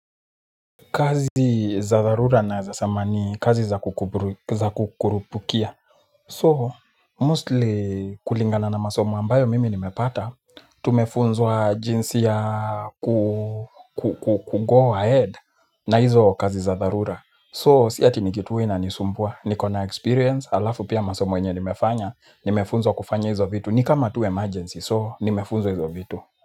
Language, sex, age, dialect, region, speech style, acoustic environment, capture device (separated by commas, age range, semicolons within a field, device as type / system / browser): Swahili, male, 25-34, Kenya - Nairobi Sheng-influenced, Kenya, excited; focused; nervous; anxious, people talking; music; nature, mobile / Linux / Chrome